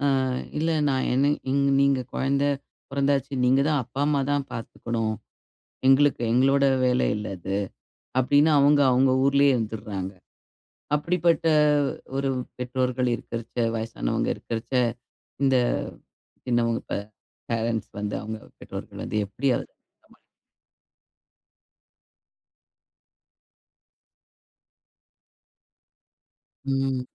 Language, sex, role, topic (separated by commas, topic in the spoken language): Tamil, female, host, சிறுவர்களின் திரை நேரத்திற்கு நீங்கள் எந்த எல்லைகளை அமைத்திருக்கிறீர்கள், அதை எப்படிப் பின்பற்றச் செய்கிறீர்கள்?